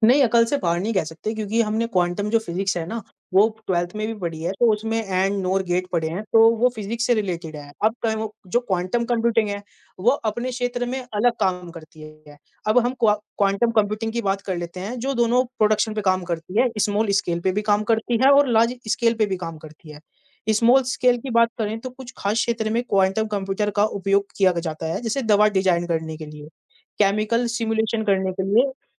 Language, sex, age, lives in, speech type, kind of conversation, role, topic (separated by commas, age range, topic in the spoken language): Hindi, male, 20-24, India, conversation, unstructured, none, क्वांटम भौतिकी के बारे में आपको सबसे अधिक क्या आकर्षित करता है?